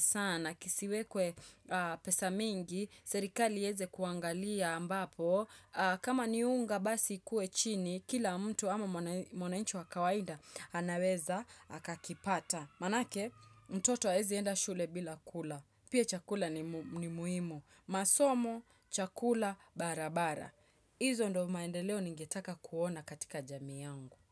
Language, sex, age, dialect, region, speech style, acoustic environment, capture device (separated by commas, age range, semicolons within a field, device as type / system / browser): Swahili, female, 25-34, Kenya - Nairobi Sheng-influenced, Kenya, relaxed, nature, desktop / Windows / Chrome